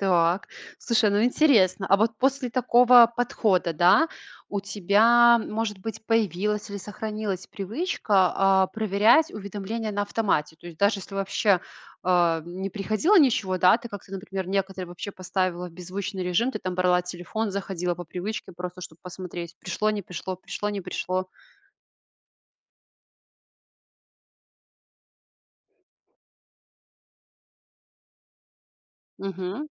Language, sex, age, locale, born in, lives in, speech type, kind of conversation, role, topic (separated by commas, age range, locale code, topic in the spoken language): Russian, female, 25-29, ru-RU, Belarus, Italy, conversation, podcast, host, Как ты решаешь, когда отключать уведомления на телефоне?